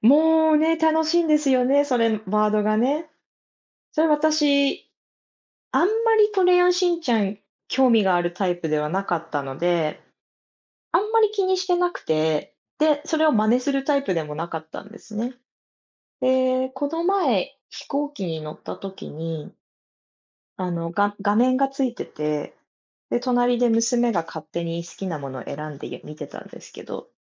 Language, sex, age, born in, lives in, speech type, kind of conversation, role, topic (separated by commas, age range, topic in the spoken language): Japanese, female, 30-34, Japan, United States, conversation, unstructured, none, 子どものころに好きだったアニメは何ですか？